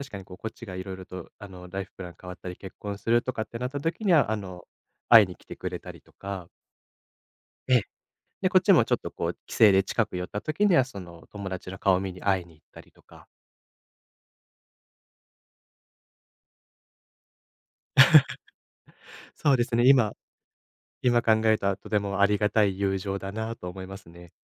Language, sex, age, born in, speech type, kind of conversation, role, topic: Japanese, male, 25-29, Japan, conversation, podcast, guest, 長く続く友情を保つ秘訣は何だと思いますか？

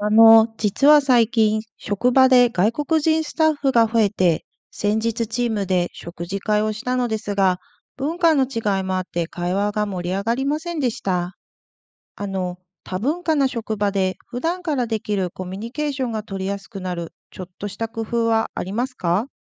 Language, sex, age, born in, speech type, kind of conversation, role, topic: Japanese, female, 40-44, Japan, read, expert-assistant, asker, 多文化共生社会で、異文化の人々と良い人間関係を築くための習慣や日々のルーティンにはどんなものがありますか？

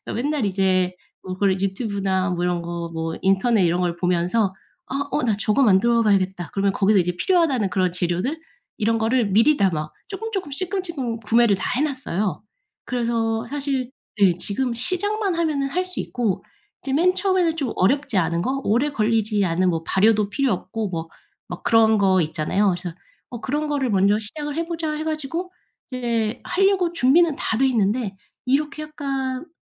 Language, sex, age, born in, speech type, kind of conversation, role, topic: Korean, female, 35-39, South Korea, conversation, advice, user, 계획은 세웠는데 자꾸 실행을 미루는 이유가 무엇인가요?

